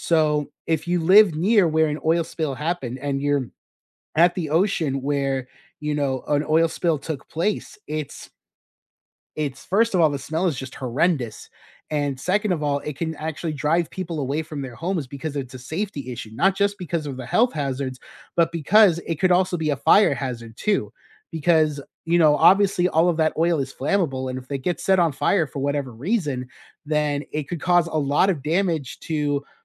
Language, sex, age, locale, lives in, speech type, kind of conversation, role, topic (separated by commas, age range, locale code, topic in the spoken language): English, male, 35-39, en-US, United States, conversation, unstructured, none, What makes you most angry about oil spills in the ocean?